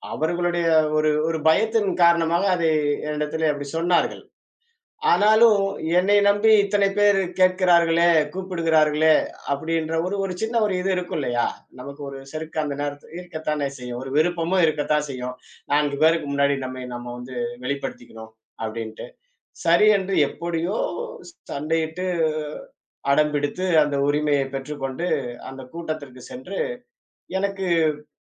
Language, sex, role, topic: Tamil, male, guest, மக்களின் வரவேற்பு உங்கள் மனதை எந்த வகையில் தொட்டது?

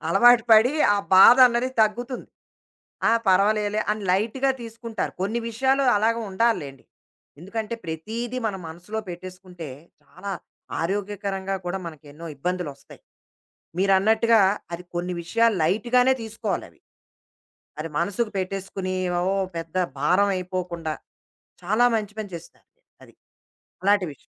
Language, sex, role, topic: Telugu, female, host, వైఫల్యం వల్ల కలిగే బాధను తగ్గించుకోవడానికి మీరు అనుసరించే ఏ పద్ధతి మీకు బాగా పనిచేస్తుంది?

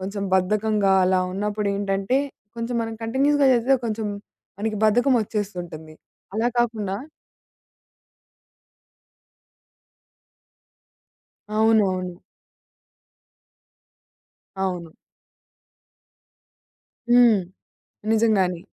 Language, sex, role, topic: Telugu, female, guest, పవర్ నాప్ గురించి మీ అభిప్రాయం ఏమిటి?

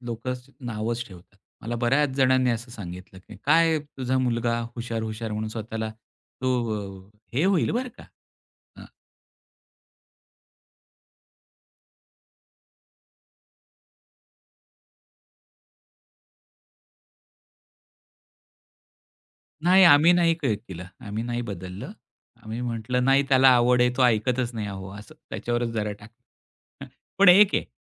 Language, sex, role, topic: Marathi, male, guest, कुटुंबाच्या अपेक्षा तुमच्या यशावर कशा परिणाम करतात?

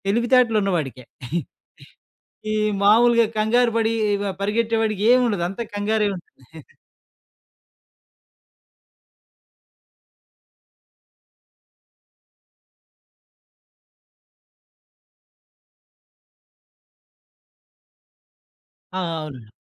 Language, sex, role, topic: Telugu, male, guest, మీరు చేసే పనిదిశపై మీ కుటుంబం ఎంతవరకు ప్రభావం చూపింది?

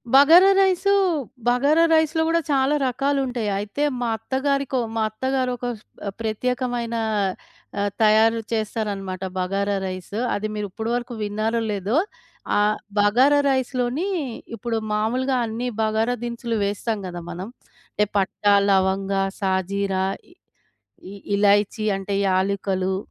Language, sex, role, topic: Telugu, female, guest, మనం విందు పంచుకునే విధానాలు మన సంస్కృతిని ఎలా ప్రతిబింబిస్తాయి?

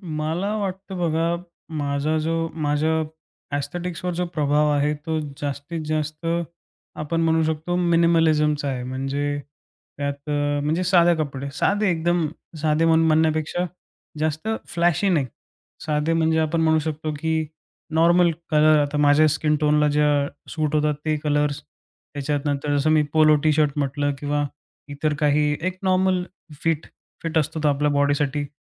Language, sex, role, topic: Marathi, male, guest, तुला तुझी सौंदर्यशैली कशी सापडली?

